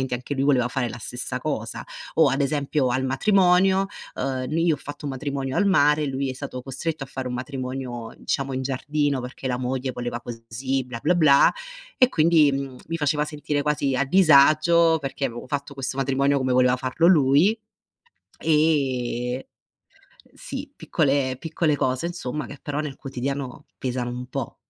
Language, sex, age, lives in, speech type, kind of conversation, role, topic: Italian, female, 30-34, Italy, conversation, advice, user, Come posso stabilire limiti chiari tra me e mio fratello?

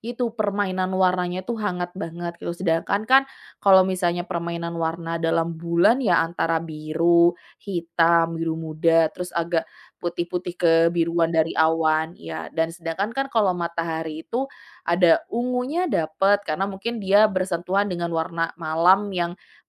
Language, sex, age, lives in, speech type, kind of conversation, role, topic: Indonesian, female, 25-29, Indonesia, conversation, podcast, guest, Kenapa matahari terbenam sering terasa begitu magis menurutmu?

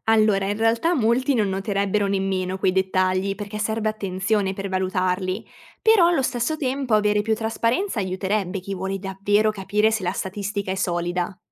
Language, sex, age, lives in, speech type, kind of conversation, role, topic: Italian, female, 20-24, Italy, read, expert-assistant, expert, Quando vediamo uno spot che cita statistiche impressionanti, come possiamo capire se quei numeri sono davvero attendibili?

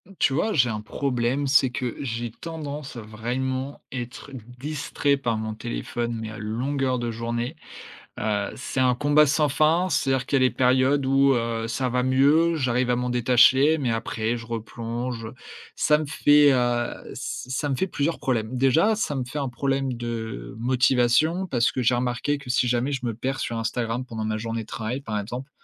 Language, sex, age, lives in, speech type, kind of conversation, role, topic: French, male, 20-24, France, conversation, advice, user, Quelles distractions numériques répétées vous empêchent d’avancer sur vos tâches ?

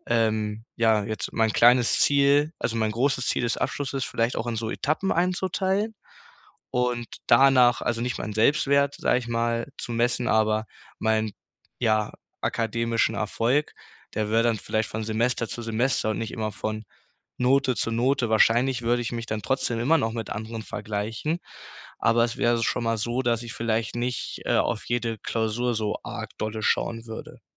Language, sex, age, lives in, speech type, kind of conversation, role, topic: German, male, 18-19, Germany, conversation, advice, user, Wie kann ich meinen Selbstwert von meiner Produktivität trennen?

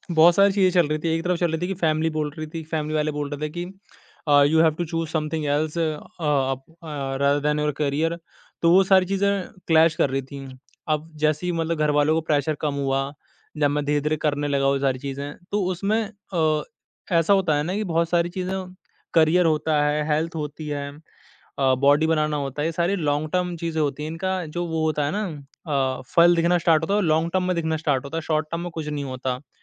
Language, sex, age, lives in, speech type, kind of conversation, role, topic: Hindi, male, 20-24, India, conversation, podcast, guest, Tum apni instinct aur social pressure ke beech balance kaise banate ho?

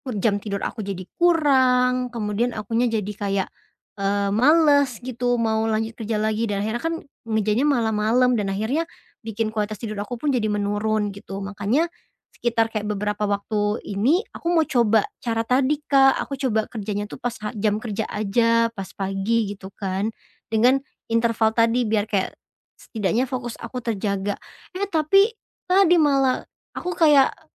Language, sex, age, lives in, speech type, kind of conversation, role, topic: Indonesian, female, 25-29, Indonesia, conversation, advice, user, Mengapa saya mudah kehilangan fokus setelah istirahat singkat?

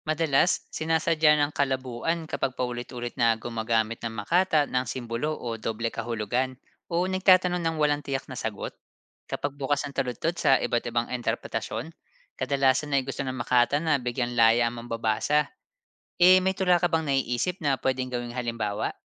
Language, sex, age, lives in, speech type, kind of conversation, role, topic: Filipino, male, 30-34, Philippines, read, expert-assistant, expert, Anong mga paraan ang epektibo para maunawaan ang mensahe ng isang tula, lalo na kung malalim ang mga salita?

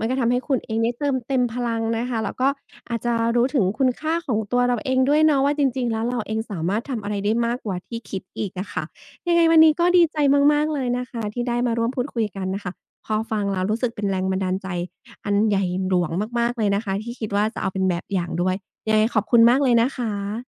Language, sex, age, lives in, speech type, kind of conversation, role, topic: Thai, female, 35-39, Thailand, conversation, podcast, host, งานอดิเรกเก่าที่คุณกลับมาทำอีกครั้งเป็นยังไงบ้าง เล่าให้ฟังหน่อยได้ไหม?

